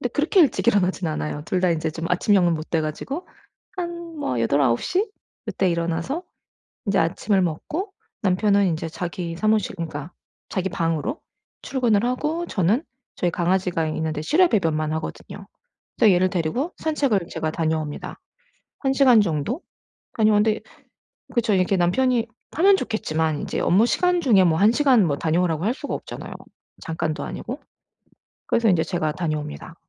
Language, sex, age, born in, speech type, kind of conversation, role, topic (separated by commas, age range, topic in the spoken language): Korean, female, 40-44, United States, conversation, advice, user, 바쁜 일정 속에서 꾸준히 창작 시간을 확보하려면 어떻게 시작하면 좋을까요?